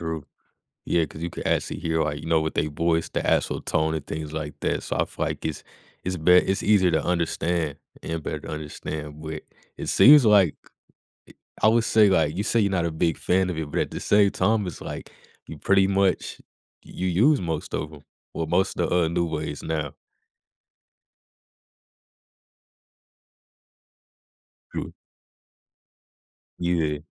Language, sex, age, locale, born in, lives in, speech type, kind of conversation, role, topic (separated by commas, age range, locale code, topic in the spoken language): English, male, 20-24, en-US, United States, United States, conversation, podcast, host, How has the rise of social media influenced the way we connect and interact with others?